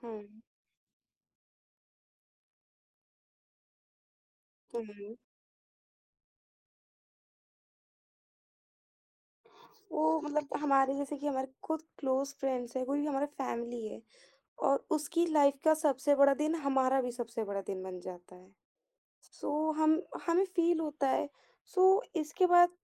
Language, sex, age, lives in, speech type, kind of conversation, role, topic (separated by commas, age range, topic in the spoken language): Hindi, female, 18-19, India, conversation, podcast, host, Neend ka routine stress kitna kam karta hai?